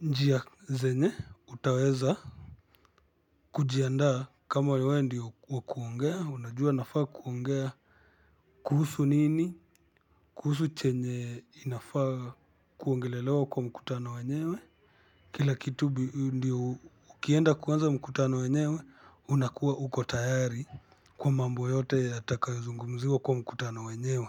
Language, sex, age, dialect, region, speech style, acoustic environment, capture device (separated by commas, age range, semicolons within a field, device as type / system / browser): Swahili, male, 25-34, Kenya - Kitui, Kenya, happy; focused, traffic, mobile / Linux / Chrome